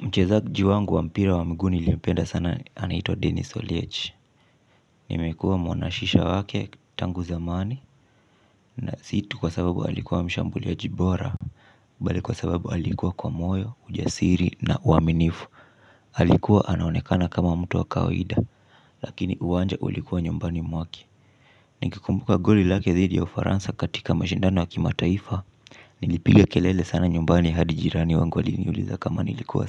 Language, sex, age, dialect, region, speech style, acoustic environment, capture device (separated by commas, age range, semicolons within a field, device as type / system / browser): Swahili, male, 25-34, Kenya - Mombasa, Kenya, relaxed, silence, mobile / Linux / Chrome